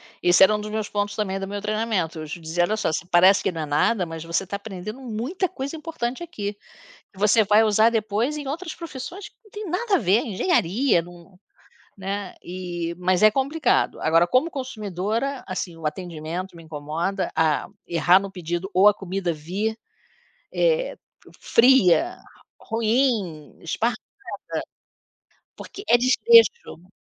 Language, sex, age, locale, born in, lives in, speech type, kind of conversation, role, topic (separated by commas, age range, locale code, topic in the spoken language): Portuguese, female, 60-64, pt-BR, Brazil, United States, conversation, unstructured, none, O que mais te deixa bravo em restaurantes de fast-food?